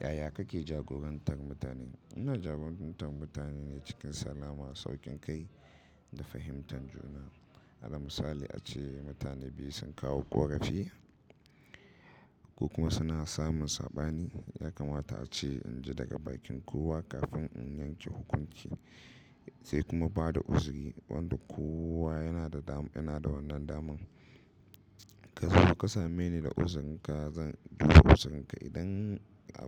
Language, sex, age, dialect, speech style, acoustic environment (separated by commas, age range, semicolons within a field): Hausa, male, 25-34, Nigeria - Katsina, happy, nature